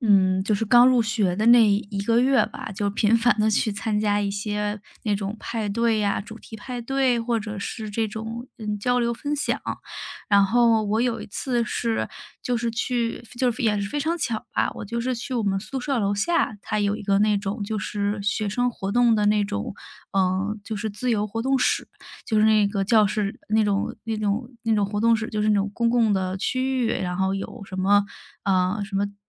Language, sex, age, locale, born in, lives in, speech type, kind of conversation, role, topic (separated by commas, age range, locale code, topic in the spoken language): Chinese, female, 30-34, zh-CN, China, Germany, conversation, podcast, guest, 有没有一次意外的相遇改变了你的人生走向？